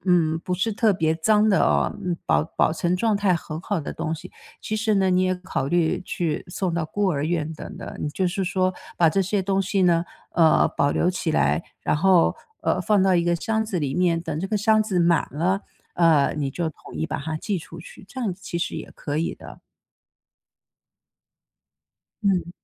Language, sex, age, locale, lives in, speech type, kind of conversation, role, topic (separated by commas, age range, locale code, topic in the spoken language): Chinese, female, 55-59, zh-TW, United States, conversation, podcast, guest, 当家里的东西堆得太多时，你通常会怎么整理和处理？